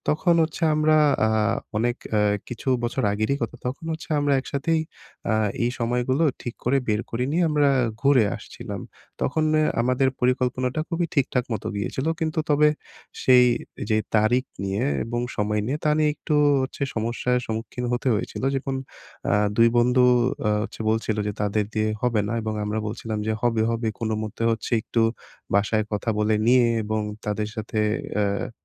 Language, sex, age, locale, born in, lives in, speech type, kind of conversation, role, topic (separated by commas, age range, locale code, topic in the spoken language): Bengali, male, 20-24, bn-BD, Bangladesh, Bangladesh, conversation, advice, user, বন্ধুদের সঙ্গে ছুটির পরিকল্পনা নিয়ে মতানৈক্য হলে আমি কীভাবে তা মিটিয়ে নিতে পারি?